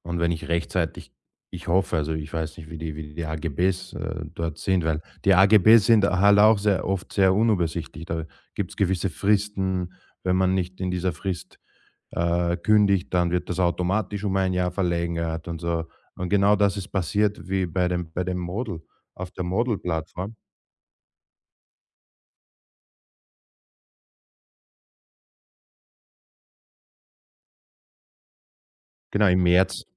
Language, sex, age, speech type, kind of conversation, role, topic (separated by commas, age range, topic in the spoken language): German, male, 35-39, conversation, advice, user, Wie kann ich meine unübersichtlichen Abonnements in den Griff bekommen, damit sie nicht weiter mein Geld auffressen?